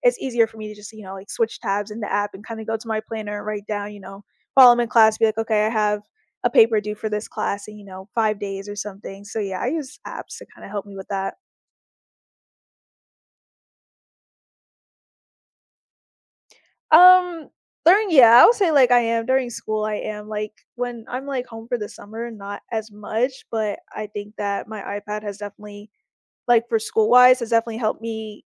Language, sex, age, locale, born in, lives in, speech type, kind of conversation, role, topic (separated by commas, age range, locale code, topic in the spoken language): English, female, 20-24, en-US, United States, United States, conversation, podcast, guest, What helps you maintain a healthy balance between your responsibilities and personal time?